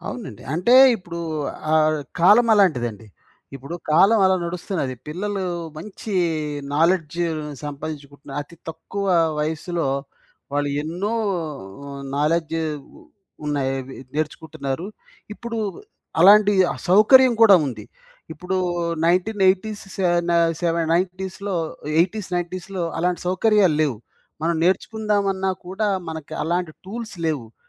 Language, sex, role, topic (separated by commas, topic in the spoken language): Telugu, male, guest, మీ కుటుంబ లక్ష్యాలు మీ నిర్ణయాలను ఎలా ప్రభావితం చేస్తాయి?